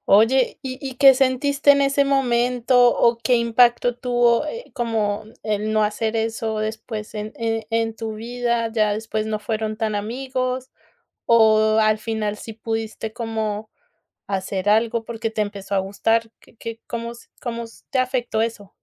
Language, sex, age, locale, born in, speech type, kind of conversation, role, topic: Spanish, female, 30-34, es-CO, Colombia, conversation, podcast, host, ¿Te arrepientes más de lo que hiciste o de lo que no hiciste?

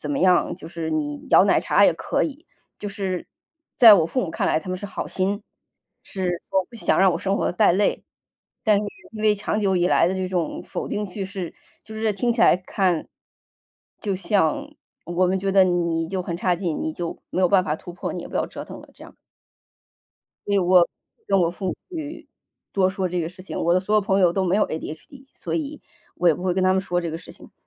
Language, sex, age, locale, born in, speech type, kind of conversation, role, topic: Chinese, female, 35-39, zh-CN, China, conversation, podcast, guest, 学习受挫后你通常如何调整并重新开始？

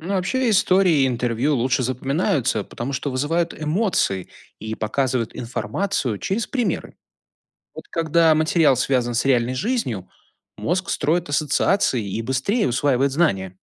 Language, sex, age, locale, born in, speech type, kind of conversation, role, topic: Russian, male, 35-39, ru-RU, Belarus, read, expert-assistant, expert, Что делать, если не хватает времени на учебу, но хочется учиться новому?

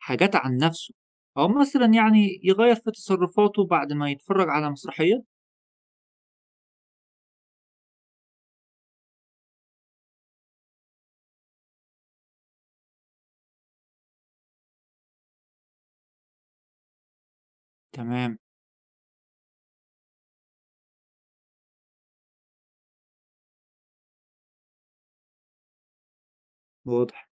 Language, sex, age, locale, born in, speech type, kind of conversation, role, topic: Arabic, male, 20-24, ar-EG, Egypt, read, expert-assistant, asker, ما أثر مشاهدة المسرحيات على فهم القضايا الاجتماعية؟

